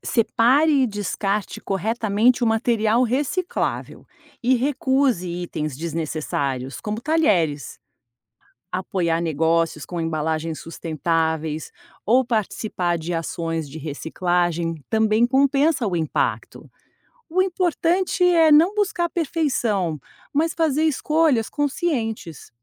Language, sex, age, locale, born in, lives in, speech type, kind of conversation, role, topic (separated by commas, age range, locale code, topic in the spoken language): Portuguese, female, 50-54, pt-BR, Brazil, United States, read, expert-assistant, expert, Como posso organizar melhor minha rotina para reduzir o impacto ambiental no dia a dia?